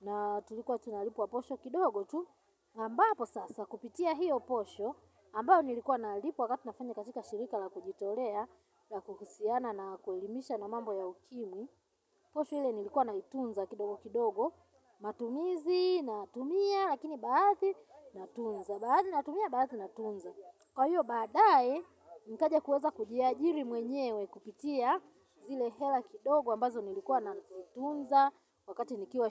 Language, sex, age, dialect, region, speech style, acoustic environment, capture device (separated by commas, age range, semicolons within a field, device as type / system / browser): Swahili, female, 35-44, Tanzania - Dar es Salaam, Tanzania, nervous, silence, mobile / Linux / Chrome